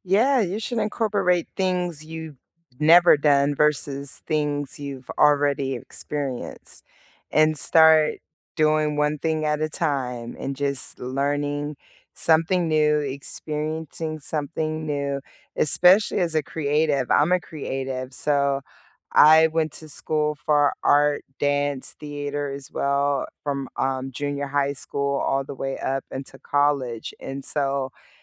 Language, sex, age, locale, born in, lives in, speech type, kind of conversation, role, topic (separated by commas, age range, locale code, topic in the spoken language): English, female, 40-44, en-US, United States, United States, conversation, unstructured, none, If you rebuilt your week from scratch, what core time blocks would you include?